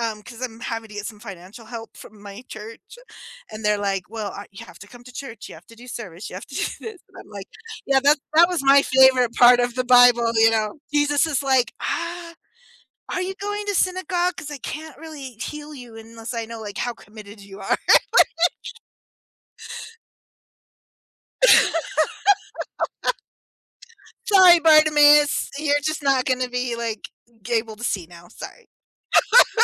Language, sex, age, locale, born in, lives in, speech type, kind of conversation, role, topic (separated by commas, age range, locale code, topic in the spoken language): English, female, 45-49, en-US, United States, United States, conversation, unstructured, none, How have your beliefs, doubts, and search for meaning shaped who you are?